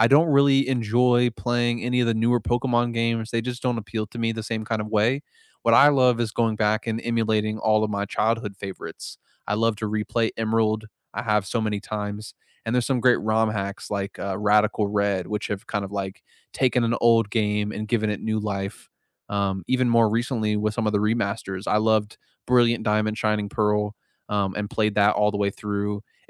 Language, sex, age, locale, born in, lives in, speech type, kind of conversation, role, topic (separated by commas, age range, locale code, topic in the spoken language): English, male, 55-59, en-US, United States, United States, conversation, unstructured, none, Which video games help you unwind after a long day, and why are they your comfort picks?